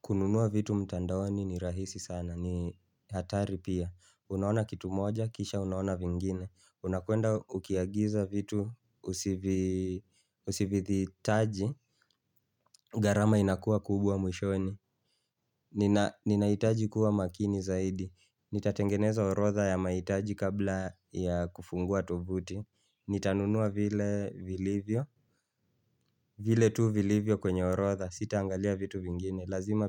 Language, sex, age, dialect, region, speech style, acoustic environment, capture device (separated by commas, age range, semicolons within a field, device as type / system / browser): Swahili, male, 25-34, Kenya - Nairobi Sheng-influenced, Kenya, relaxed, silence, mobile / Linux / Chrome